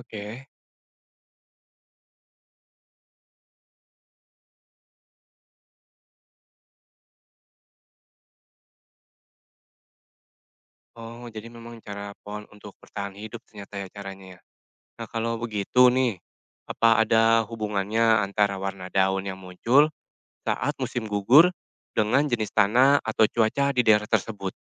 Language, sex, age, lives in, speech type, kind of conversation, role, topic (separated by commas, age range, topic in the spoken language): Indonesian, male, 40-44, Indonesia, read, expert-assistant, asker, Mengapa daun berubah warna saat musim gugur?